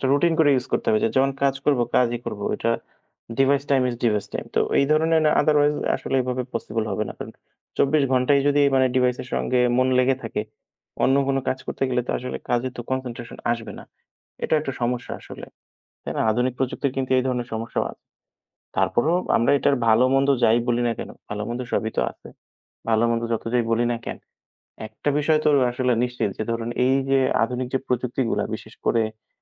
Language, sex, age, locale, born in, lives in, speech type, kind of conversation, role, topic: Bengali, male, 25-29, bn-BD, Bangladesh, Bangladesh, conversation, unstructured, none, কোন প্রযুক্তি মানুষের জীবন সবচেয়ে বেশি বদলে দিয়েছে বলে আপনি মনে করেন?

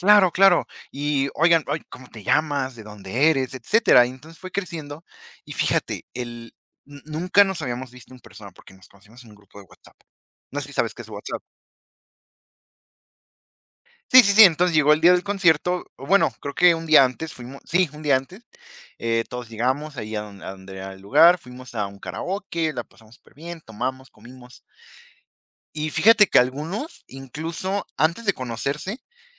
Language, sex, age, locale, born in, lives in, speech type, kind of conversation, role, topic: Spanish, female, 20-24, es-MX, Mexico, Mexico, conversation, unstructured, none, ¿Cómo crees que la música une a las personas?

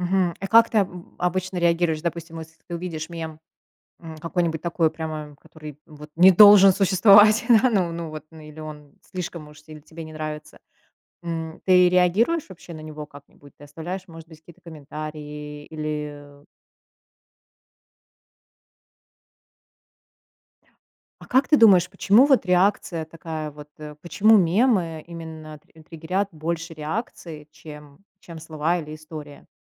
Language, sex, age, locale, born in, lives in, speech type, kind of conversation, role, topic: Russian, female, 35-39, ru-RU, Russia, United States, conversation, podcast, host, Как мемы стали новым способом рассказывать истории?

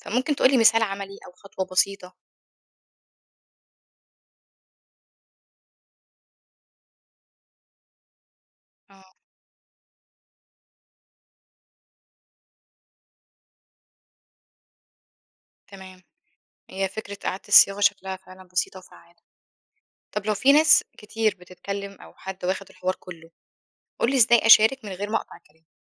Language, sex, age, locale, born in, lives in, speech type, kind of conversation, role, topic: Arabic, female, 25-29, ar-EG, Egypt, Egypt, read, expert-assistant, asker, إزاي أقدر أكون قائد ناجح في شغلي حتى لو مش مدير؟ إيه المهارات اللي ممكن أطورها عشان أأثر في زمايلي بشكل إيجابي؟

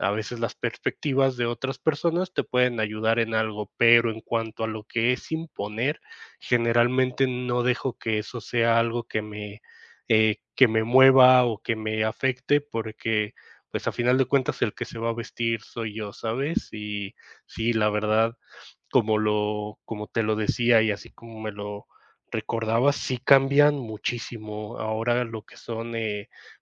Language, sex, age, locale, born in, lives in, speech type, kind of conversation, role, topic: Spanish, male, 30-34, es-MX, Mexico, Mexico, conversation, podcast, guest, ¿Cómo manejas la presión de los demás sobre cómo te vistes?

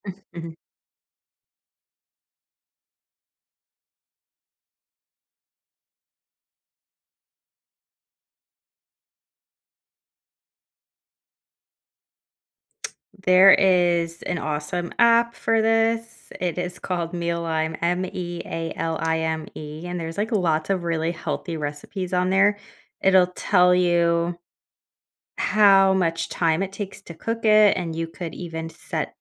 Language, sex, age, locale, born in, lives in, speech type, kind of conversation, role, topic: English, female, 30-34, en-US, United States, United States, conversation, advice, advisor, How can I manage feeling overwhelmed by daily responsibilities?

